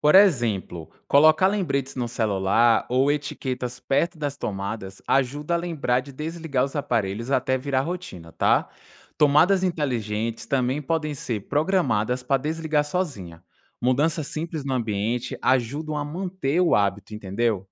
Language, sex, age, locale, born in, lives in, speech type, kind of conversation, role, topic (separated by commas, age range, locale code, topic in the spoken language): Portuguese, male, 25-29, pt-BR, Brazil, France, read, expert-assistant, expert, Como posso me tornar mais consciente sobre o impacto ambiental das minhas escolhas e agir de forma mais sustentável?